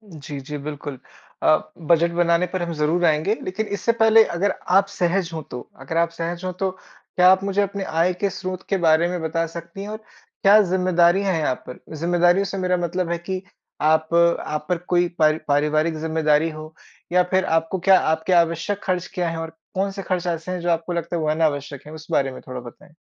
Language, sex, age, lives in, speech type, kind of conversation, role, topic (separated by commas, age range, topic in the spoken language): Hindi, male, 25-29, India, conversation, advice, advisor, मैं आवश्यकता और इच्छा के बीच का अंतर कैसे पहचानूँ, ताकि बेवजह खर्च न करूँ?